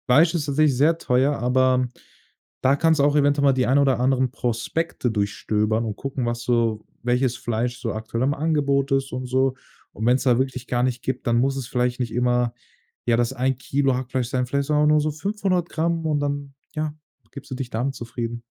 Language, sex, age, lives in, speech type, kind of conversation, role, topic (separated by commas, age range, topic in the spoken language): German, male, 18-19, Germany, conversation, advice, advisor, Welche Schwierigkeiten hast du, wenn du mit kleinem Budget gesund einkaufen möchtest?